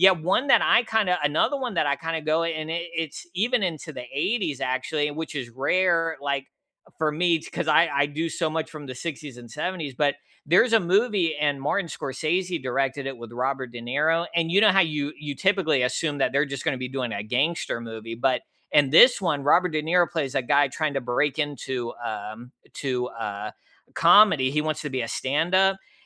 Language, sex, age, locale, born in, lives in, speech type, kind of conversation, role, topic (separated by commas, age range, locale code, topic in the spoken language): English, male, 35-39, en-US, United States, United States, conversation, unstructured, none, Which hidden gem films would you recommend to everyone?